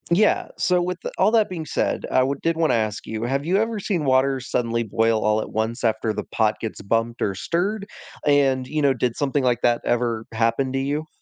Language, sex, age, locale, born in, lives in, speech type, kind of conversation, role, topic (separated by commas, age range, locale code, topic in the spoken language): English, male, 20-24, en-US, United States, United States, read, expert-assistant, expert, Why do bubbles form in boiling water?